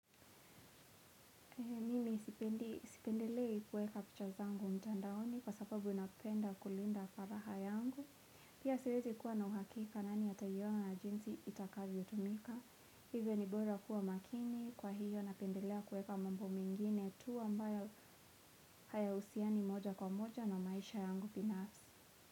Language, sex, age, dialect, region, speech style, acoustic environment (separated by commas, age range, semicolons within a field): Swahili, female, 18-24, Kenya - Nairobi Sheng-influenced, Kenya, relaxed, silence; nature